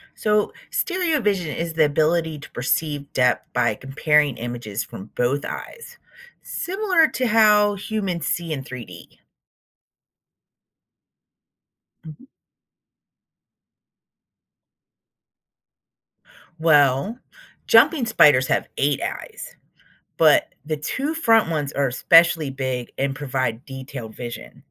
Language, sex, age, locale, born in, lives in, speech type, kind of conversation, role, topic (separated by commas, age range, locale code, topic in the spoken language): English, female, 40-44, en-US, United States, United States, read, expert-assistant, expert, How do jumping spiders calculate distance?